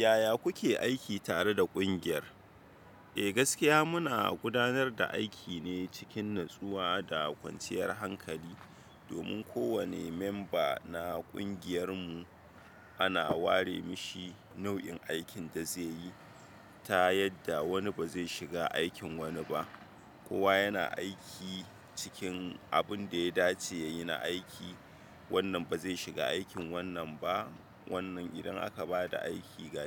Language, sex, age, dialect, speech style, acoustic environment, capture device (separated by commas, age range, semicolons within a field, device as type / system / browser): Hausa, male, 25-34, Nigeria - Kano, relaxed, silence, desktop / Windows / Chrome